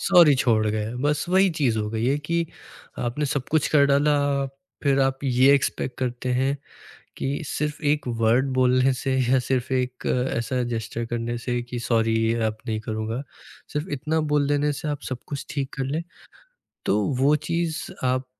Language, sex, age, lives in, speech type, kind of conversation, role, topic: Hindi, male, 20-24, India, conversation, podcast, guest, Maafi maangne mein sirf alfaaz nahi, actions bhi kitne zaroori hote hain—tum isko kitni importance dete ho?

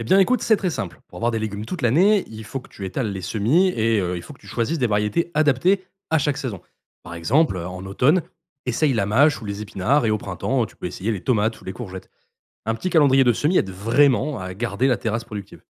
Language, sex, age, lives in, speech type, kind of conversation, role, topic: French, male, 25-29, France, read, expert-assistant, expert, Si on veut avoir un beau potager toute l’année, comment s’organiser pour choisir et planter les bonnes cultures au bon moment ?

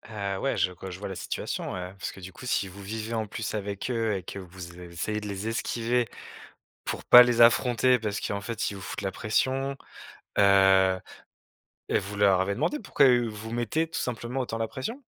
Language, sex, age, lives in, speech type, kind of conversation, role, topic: French, male, 35-39, France, conversation, advice, advisor, Comment décrirais-tu la pression familiale que tu ressens concernant ton choix de carrière ou de mariage ?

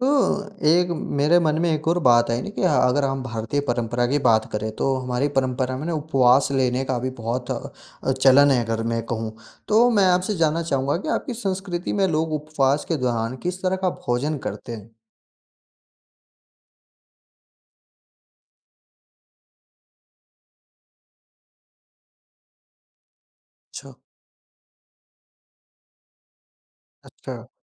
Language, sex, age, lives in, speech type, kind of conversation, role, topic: Hindi, male, 45-49, India, conversation, podcast, host, आपको अपनी संस्कृति के कौन-से व्यंजन सबसे अधिक पसंद हैं?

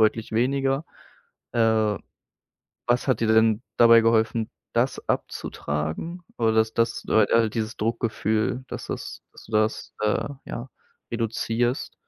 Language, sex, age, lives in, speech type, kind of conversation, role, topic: German, male, 25-29, Germany, conversation, podcast, host, Welche Aktivität hilft dir am besten, abzuschalten?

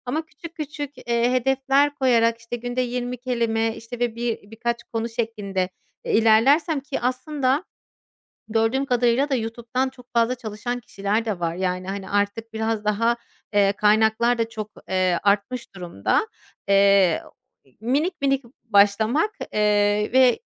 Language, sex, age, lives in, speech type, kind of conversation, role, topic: Turkish, female, 30-34, Germany, conversation, advice, user, Bahanelerimi nasıl aşabilir ve düzenli çalışma alışkanlığı nasıl kazanabilirim?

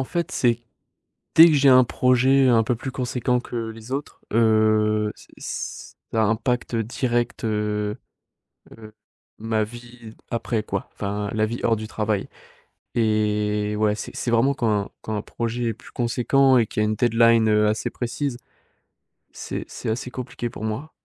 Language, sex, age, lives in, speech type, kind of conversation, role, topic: French, male, 18-19, France, conversation, advice, user, Pourquoi ai-je du mal à me détendre avant de me coucher, avec des pensées qui tournent en boucle ?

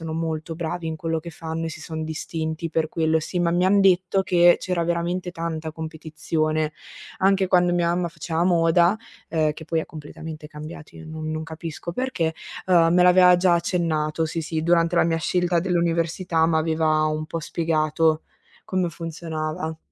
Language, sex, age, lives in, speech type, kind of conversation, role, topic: Italian, female, 20-24, Italy, conversation, unstructured, none, Come ti immagini la tua carriera tra cinque anni?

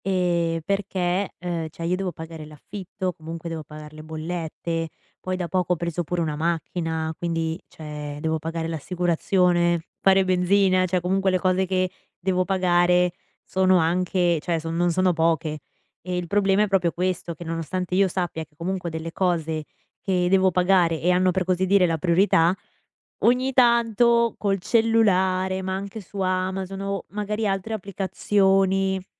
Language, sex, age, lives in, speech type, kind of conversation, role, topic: Italian, female, 20-24, United States, conversation, advice, user, In che modo gli acquisti d’impulso rovinano il tuo budget mensile?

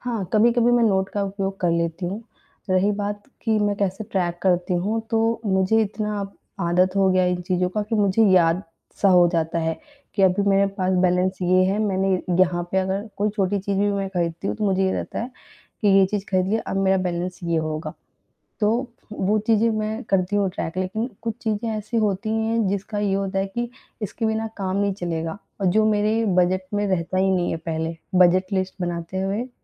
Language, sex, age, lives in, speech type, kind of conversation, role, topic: Hindi, female, 25-29, India, conversation, advice, user, बजट बनाने के बावजूद आप अपने खर्चों पर नियंत्रण क्यों नहीं रख पा रहे/रही हैं?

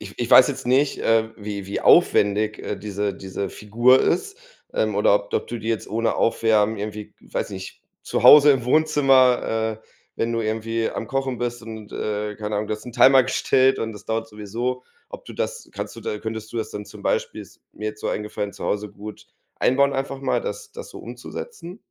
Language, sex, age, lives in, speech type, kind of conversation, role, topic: German, male, 30-34, Germany, conversation, advice, advisor, Wie kann ich meine Angst vor Verletzungen oder Schmerzen beim Sport überwinden?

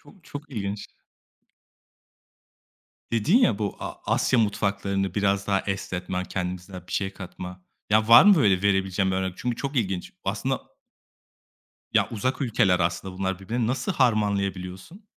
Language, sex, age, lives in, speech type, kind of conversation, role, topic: Turkish, male, 25-29, Italy, conversation, podcast, host, Bir hobiye yeniden dönmek ruh halimizi nasıl etkiler?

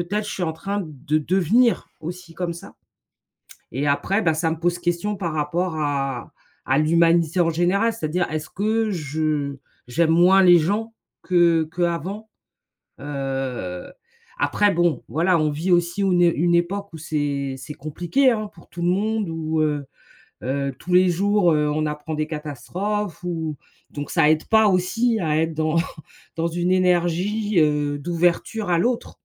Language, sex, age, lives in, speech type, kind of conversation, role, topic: French, female, 45-49, United States, conversation, advice, user, Pourquoi est-il si difficile de refaire confiance après une trahison ?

